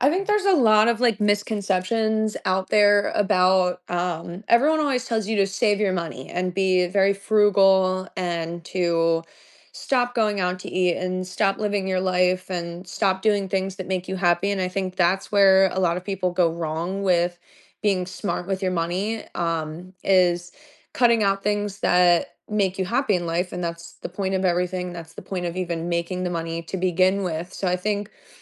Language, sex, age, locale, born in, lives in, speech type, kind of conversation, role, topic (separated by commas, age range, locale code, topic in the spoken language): English, female, 25-29, en-US, United States, United States, conversation, podcast, guest, What simple changes can help people build better money habits over time?